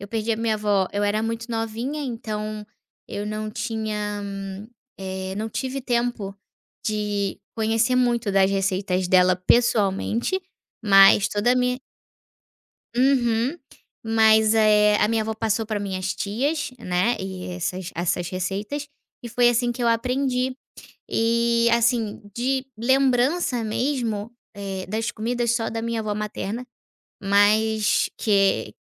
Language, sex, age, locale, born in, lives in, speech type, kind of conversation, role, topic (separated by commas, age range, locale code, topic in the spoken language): Portuguese, female, 25-29, pt-BR, Brazil, Portugal, conversation, podcast, guest, Qual prato faz você lembrar imediatamente da sua avó?